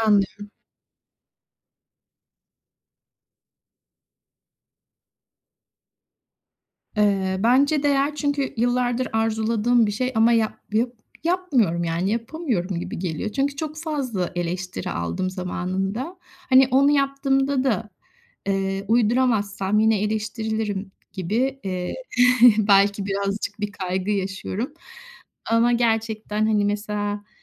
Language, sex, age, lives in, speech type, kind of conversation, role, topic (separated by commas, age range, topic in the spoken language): Turkish, female, 50-54, Spain, conversation, advice, user, Kendimi kabul etmeye nasıl başlayabilirim ve benzersiz yönlerimi arkadaşlarıma daha rahat nasıl gösterebilirim?